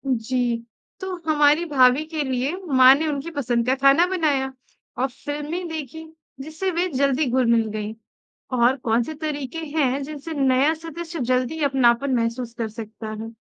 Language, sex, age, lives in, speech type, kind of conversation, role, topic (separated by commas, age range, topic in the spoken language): Hindi, female, 25-29, India, read, expert-assistant, asker, अगर आपके परिवार के हर सदस्य की अलग-अलग पसंद और प्राथमिकताएँ हों, तो छुट्टी की यात्रा की योजना कैसे बनाएं ताकि सभी खुश रहें?